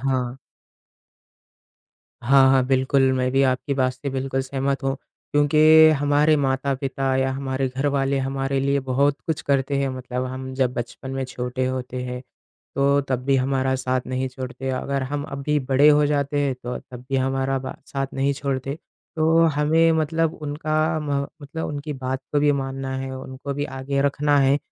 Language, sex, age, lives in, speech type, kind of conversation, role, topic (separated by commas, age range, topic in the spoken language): Hindi, male, 20-24, India, conversation, unstructured, none, आप अपने भविष्य के लिए सबसे बड़ा लक्ष्य क्या रखते हैं?